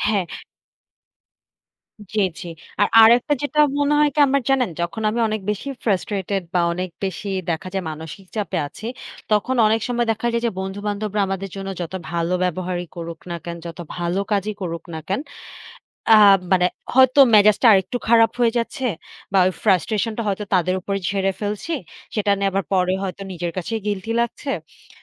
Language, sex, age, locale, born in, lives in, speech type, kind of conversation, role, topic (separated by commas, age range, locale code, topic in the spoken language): Bengali, female, 20-24, bn-BD, Bangladesh, Bangladesh, conversation, unstructured, none, কঠিন সময়ে আপনি কীভাবে নিজেকে শান্ত রাখেন?